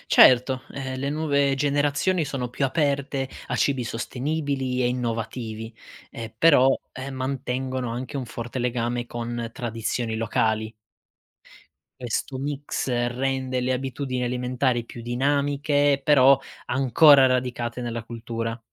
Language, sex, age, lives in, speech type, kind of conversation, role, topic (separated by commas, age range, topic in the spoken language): Italian, male, 25-29, Italy, read, expert-assistant, expert, Perché in alcuni paesi comprare cibo locale è visto come fondamentale, mentre in altri si preferisce il prodotto importato?